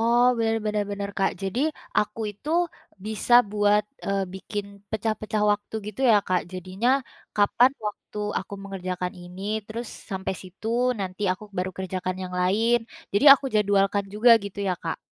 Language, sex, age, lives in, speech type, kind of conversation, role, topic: Indonesian, female, 20-24, Indonesia, conversation, advice, user, Bagaimana cara mengatasi kebiasaan menunda tugas penting dan sulit fokus?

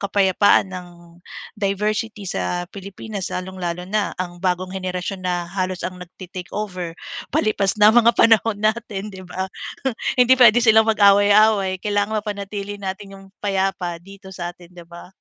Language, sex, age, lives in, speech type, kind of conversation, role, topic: Filipino, female, 35-39, Philippines, conversation, unstructured, none, Paano mo ipinapakita ang respeto sa ibang relihiyon o kultura?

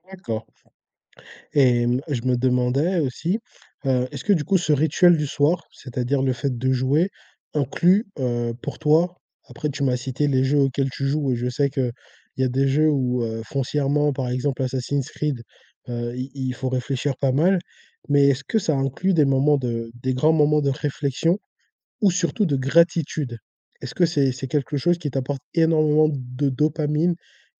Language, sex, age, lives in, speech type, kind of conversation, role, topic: French, male, 20-24, France, conversation, podcast, host, Avez-vous des rituels du soir avant le coucher ?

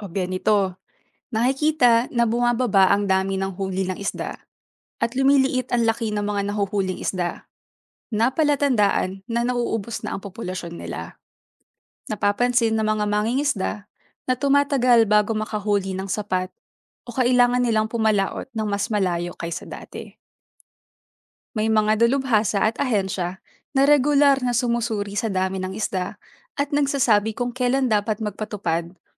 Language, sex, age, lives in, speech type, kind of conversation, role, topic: Filipino, female, 25-29, Philippines, read, expert-assistant, expert, Ano ang epekto ng sobrang pangingisda sa dagat?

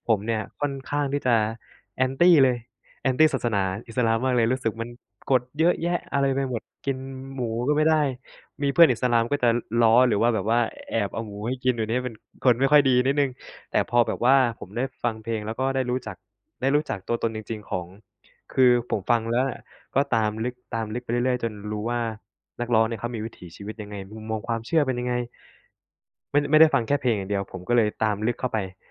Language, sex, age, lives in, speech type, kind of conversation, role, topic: Thai, male, 25-29, Thailand, conversation, unstructured, none, เพลงไหนที่เคยให้แรงบันดาลใจกับคุณมากที่สุด?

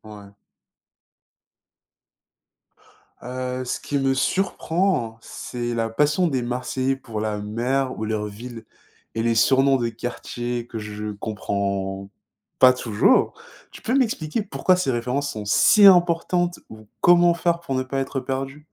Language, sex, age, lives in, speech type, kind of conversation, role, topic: French, male, 20-24, France, read, expert-assistant, asker, Comment s’intégrer dans une équipe en adoptant les expressions et traditions locales ?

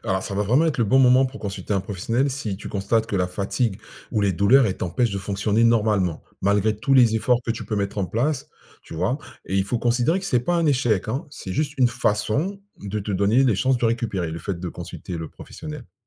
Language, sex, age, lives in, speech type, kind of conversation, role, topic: French, male, 45-49, France, read, expert-assistant, expert, Comment notre corps nous indique-t-il qu’il est temps de ralentir, et comment écouter ces signaux ?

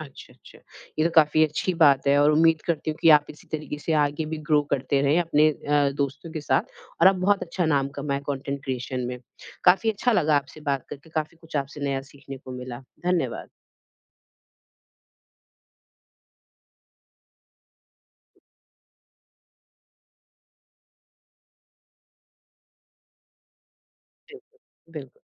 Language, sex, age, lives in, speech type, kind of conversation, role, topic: Hindi, female, 30-34, India, conversation, podcast, host, Tum apne passion ko practical kaise bana rahe ho?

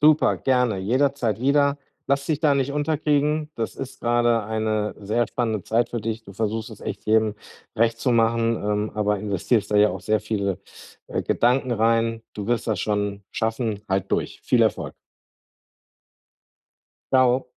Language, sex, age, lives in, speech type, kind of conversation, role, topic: German, male, 40-44, Germany, conversation, advice, advisor, Wie kann ich Vertrauen aufbauen, damit ich Verantwortung und Aufgaben effektiv delegieren kann?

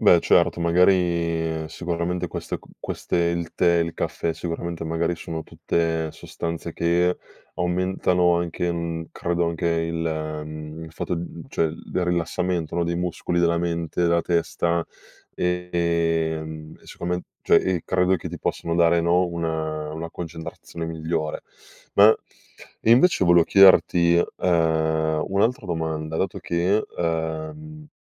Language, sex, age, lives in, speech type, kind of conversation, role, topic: Italian, male, 25-29, Italy, conversation, podcast, host, Come nascono le tue idee creative?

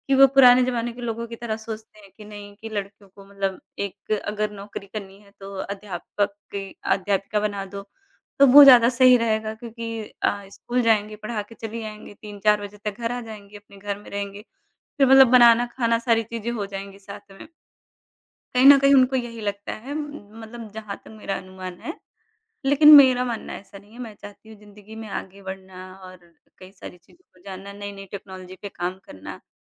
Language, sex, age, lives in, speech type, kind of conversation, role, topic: Hindi, female, 30-34, India, conversation, advice, user, परिवार के सदस्यों से लगातार निजी फैसलों पर ताने सुनने का आप पर क्या असर होता है?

